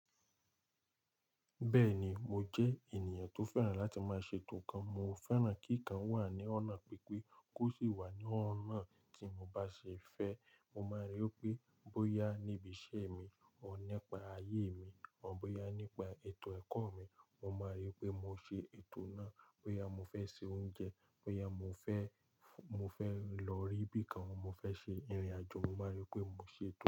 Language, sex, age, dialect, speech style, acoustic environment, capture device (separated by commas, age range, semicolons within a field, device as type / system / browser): Yoruba, male, 18-24, Nigeria - Lagos Yoruba, relaxed, nature, mobile / Linux / Chrome